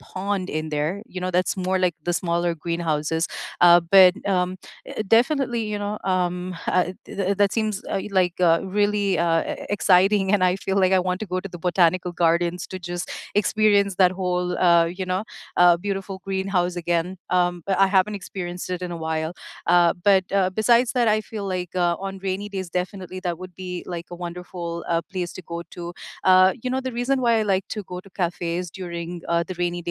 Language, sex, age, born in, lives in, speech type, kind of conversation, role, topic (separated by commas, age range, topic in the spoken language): English, female, 35-39, United States, United States, conversation, unstructured, none, On rainy days, do you prefer to cozy up in a café, wander through a museum, or browse a bookstore, and what makes that choice feel right to you?